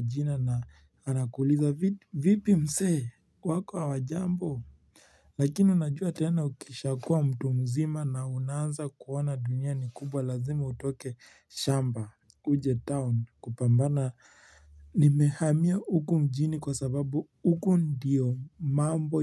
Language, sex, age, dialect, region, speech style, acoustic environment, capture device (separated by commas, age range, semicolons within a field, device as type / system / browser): Swahili, male, 18-24, Kenya - Nairobi Sheng-influenced, Kenya, relaxed, silence, mobile / Linux / Chrome